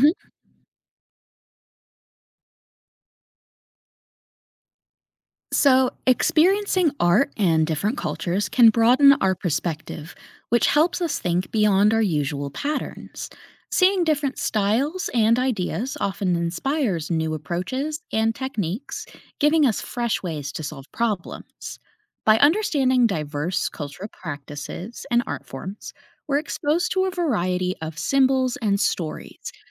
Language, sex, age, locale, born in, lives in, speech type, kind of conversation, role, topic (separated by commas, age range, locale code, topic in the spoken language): English, female, 30-34, en-US, United States, United States, read, expert-assistant, expert, How does exposure to art and culture influence creativity?